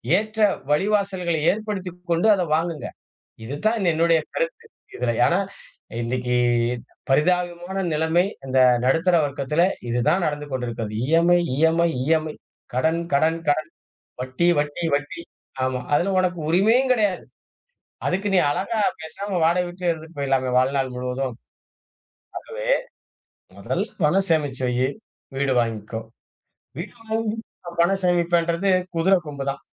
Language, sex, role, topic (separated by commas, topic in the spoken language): Tamil, male, guest, பணம் சேமிப்பதா அல்லது முதலில் வீடு வாங்குவதா—நீங்கள் எதைத் தேர்வு செய்வீர்கள்?